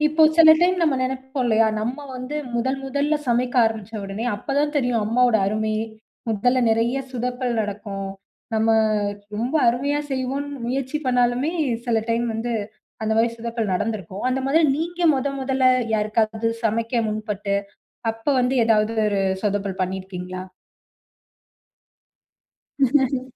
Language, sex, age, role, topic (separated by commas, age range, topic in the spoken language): Tamil, female, 20-24, host, உணவு மூலம் பாசத்தை எப்படி காட்டலாம்?